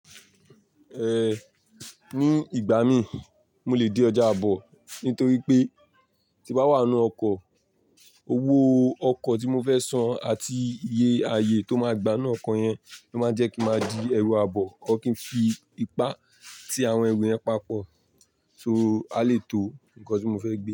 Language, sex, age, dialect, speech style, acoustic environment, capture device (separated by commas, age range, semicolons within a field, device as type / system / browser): Yoruba, male, 18-24, Nigeria - Lagos Yoruba, tired, people talking, mobile / Linux / Chrome